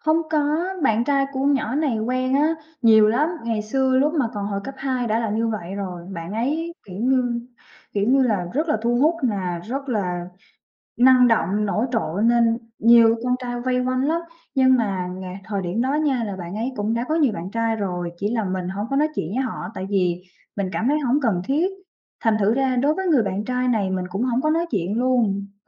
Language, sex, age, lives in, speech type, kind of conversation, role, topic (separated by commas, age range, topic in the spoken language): Vietnamese, female, 25-29, Vietnam, conversation, advice, user, Tại sao bạn thân của tôi thay đổi và trở nên xa lạ, và tôi nên làm gì để cải thiện mối quan hệ này?